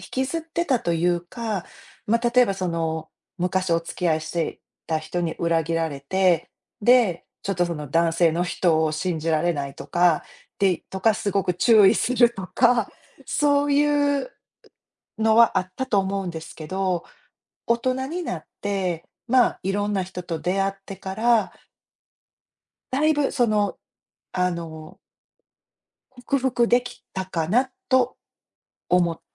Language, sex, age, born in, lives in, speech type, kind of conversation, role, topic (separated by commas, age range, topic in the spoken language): Japanese, female, 50-54, Japan, United States, conversation, unstructured, none, 誰かに裏切られた経験はありますか？そのとき、どのように感じましたか？